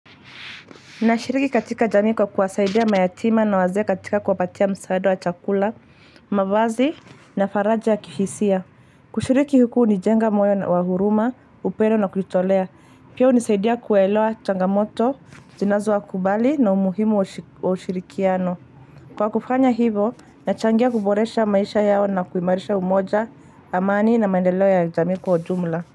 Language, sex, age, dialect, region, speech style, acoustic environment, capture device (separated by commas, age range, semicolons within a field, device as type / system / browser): Swahili, female, 25-34, Kenya - Nairobi Sheng-influenced, Kenya, excited, traffic, mobile / Linux / Chrome